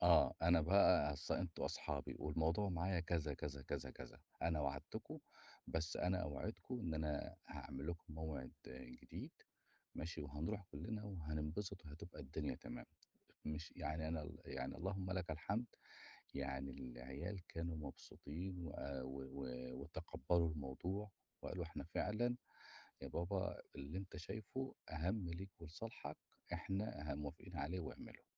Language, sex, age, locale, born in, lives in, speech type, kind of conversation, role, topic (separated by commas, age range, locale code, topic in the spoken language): Arabic, male, 45-49, ar-EG, Egypt, Portugal, conversation, podcast, guest, إزاي بتوازن بين الشغل وتربية الأولاد؟